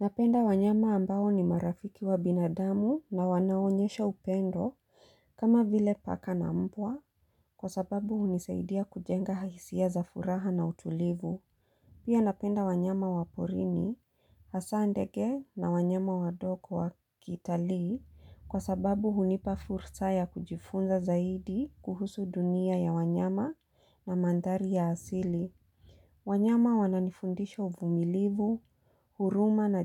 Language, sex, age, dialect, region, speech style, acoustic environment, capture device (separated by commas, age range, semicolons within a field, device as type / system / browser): Swahili, female, 35-44, Kenya - Mombasa, Kenya, focused, silence, mobile / Linux / Chrome